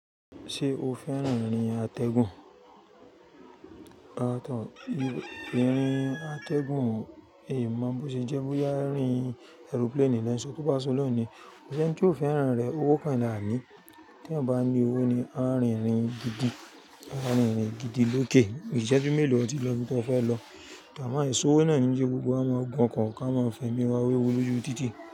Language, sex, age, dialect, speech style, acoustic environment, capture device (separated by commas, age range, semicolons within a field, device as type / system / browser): Yoruba, female, 35-44, Nigeria - Lagos Yoruba, focused; happy, nature, mobile / Linux / Chrome